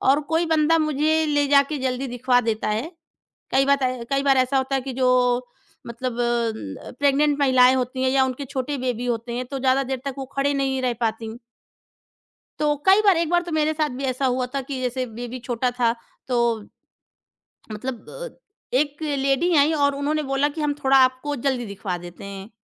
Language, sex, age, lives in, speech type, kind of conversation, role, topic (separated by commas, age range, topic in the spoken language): Hindi, female, 30-34, India, conversation, podcast, guest, Woh kaunsa pal tha jab bilkul anjaan log bhi tumhe parivaar jaise lagne lage, aur phir kya hua?